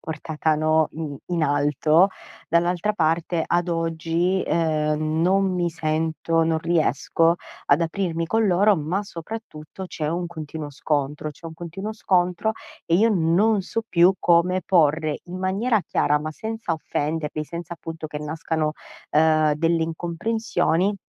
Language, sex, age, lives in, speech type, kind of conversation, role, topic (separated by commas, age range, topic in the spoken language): Italian, female, 30-34, Italy, conversation, advice, user, Come posso stabilire confini più chiari con la mia famiglia?